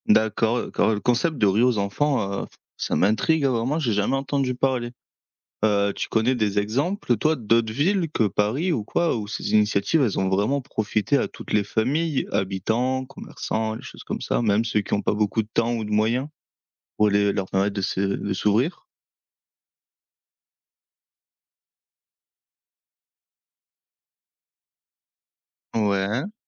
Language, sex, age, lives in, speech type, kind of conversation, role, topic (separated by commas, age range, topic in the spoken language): French, male, 25-29, France, read, expert-assistant, asker, Comment pourrait-on rendre les activités de plein air plus accessibles à tous, même en ville ?